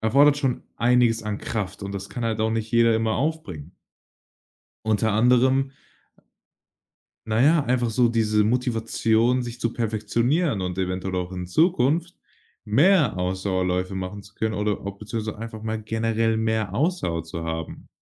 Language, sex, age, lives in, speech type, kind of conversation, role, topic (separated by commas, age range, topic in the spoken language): German, male, 18-19, Germany, conversation, podcast, guest, Welches Hobby entspannt dich sofort, wenn es stressig wird?